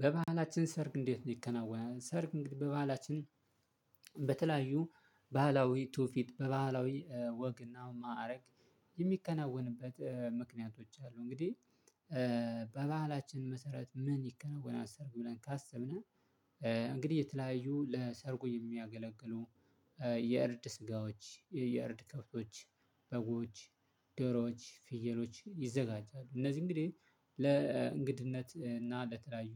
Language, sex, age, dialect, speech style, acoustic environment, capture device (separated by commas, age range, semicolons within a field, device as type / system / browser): Amharic, male, 25-34, Ethiopia - Addis Ababa, relaxed, silence, mobile / Linux / Chrome